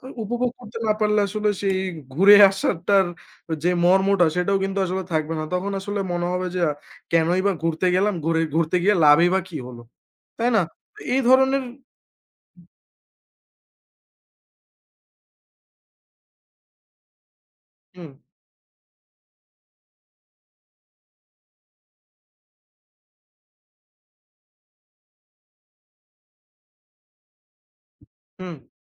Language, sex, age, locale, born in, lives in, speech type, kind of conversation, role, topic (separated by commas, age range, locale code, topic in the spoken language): Bengali, male, 20-24, bn-BD, Bangladesh, Bangladesh, conversation, unstructured, none, পর্যটনকেন্দ্রে অতিরিক্ত ভিড় নিয়ে আপনার মতামত কী?